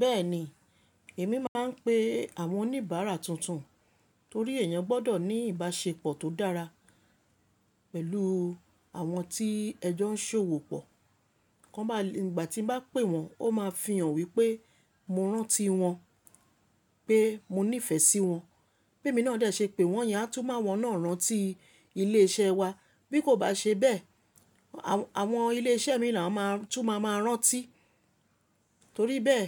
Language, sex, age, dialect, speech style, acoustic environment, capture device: Yoruba, female, 25-34, Nigeria - Lagos Yoruba, relaxed; happy, appliances; nature, mobile / Linux / Chrome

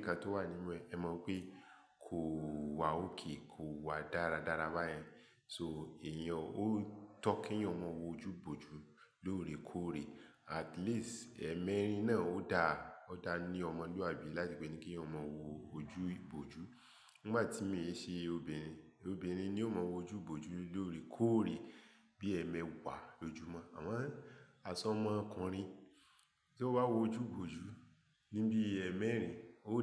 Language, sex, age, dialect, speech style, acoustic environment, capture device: Yoruba, male, 35-44, Nigeria - Lagos Yoruba, focused, silence, mobile / Linux / Chrome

